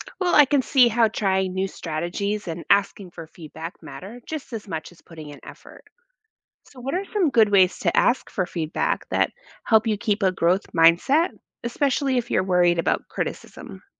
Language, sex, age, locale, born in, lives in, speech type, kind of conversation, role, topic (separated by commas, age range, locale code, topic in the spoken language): English, female, 40-44, en-US, United States, United States, read, expert-assistant, asker, How can you develop a growth mindset?